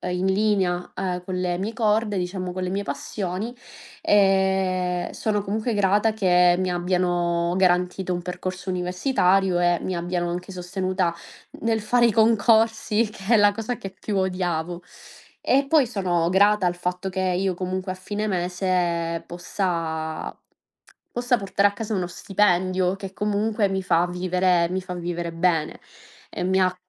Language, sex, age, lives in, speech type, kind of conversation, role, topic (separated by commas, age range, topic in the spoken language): Italian, female, 25-29, Italy, conversation, podcast, guest, Come scegli tra seguire una passione e puntare su un lavoro stabile?